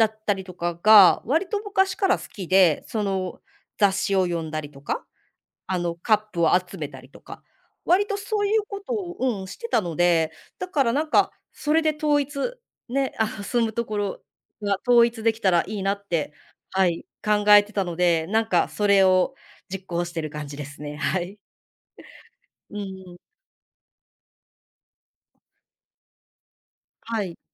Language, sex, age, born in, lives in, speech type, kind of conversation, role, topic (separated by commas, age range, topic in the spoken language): Japanese, female, 35-39, Japan, Japan, conversation, podcast, guest, 家でいちばん落ち着く場所はどこですか？